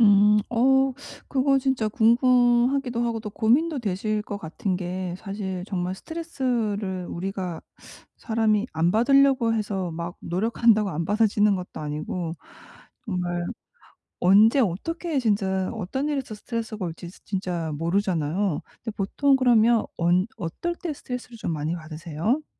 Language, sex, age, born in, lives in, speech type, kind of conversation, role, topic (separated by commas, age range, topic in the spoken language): Korean, female, 45-49, South Korea, United States, conversation, advice, advisor, 스트레스를 간단히 풀 수 있는 이완법에는 어떤 것들이 있나요?